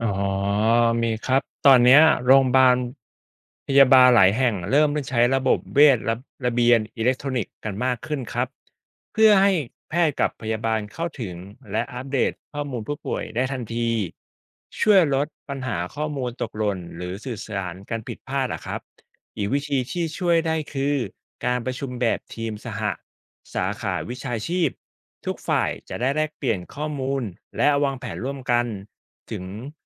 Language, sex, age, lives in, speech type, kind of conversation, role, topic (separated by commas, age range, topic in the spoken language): Thai, male, 35-39, Thailand, read, expert-assistant, expert, มีวิธีไหนช่วยให้ทีมแพทย์กับพยาบาลสื่อสารข้อมูลผู้ป่วยได้มีประสิทธิภาพมากขึ้นไหม?